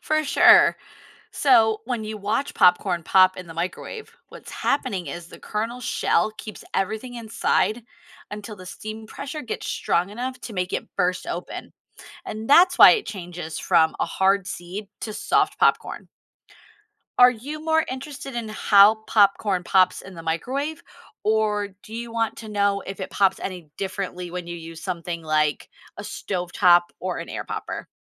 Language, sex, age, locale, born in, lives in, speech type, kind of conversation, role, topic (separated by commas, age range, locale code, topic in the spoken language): English, female, 35-39, en-US, United States, United States, read, expert-assistant, expert, How can you make perfect popcorn at home?